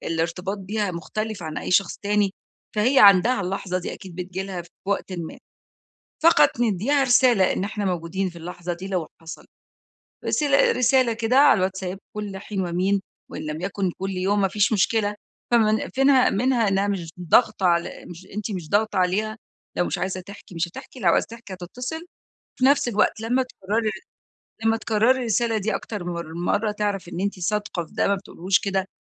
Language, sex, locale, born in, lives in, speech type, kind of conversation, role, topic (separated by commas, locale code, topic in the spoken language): Arabic, female, ar-EG, Egypt, Egypt, conversation, advice, advisor, إزاي أقدّم دعم عاطفي لصاحبي وهو بيمرّ بوقت صعب؟